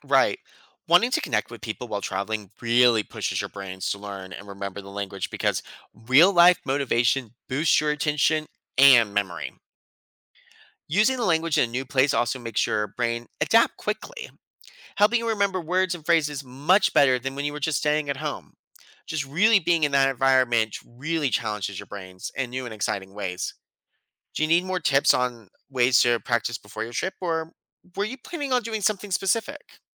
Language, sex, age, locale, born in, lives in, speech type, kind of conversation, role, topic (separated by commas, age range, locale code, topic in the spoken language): English, male, 30-34, en-US, United States, United States, read, expert-assistant, expert, How does learning a new language affect your brain?